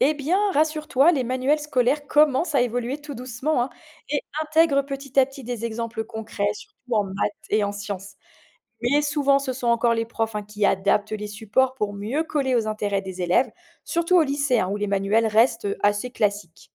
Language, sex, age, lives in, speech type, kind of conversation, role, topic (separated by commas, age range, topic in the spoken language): French, female, 45-49, France, read, expert-assistant, expert, Comment rendre un programme scolaire plus utile pour la vie professionnelle concrète ?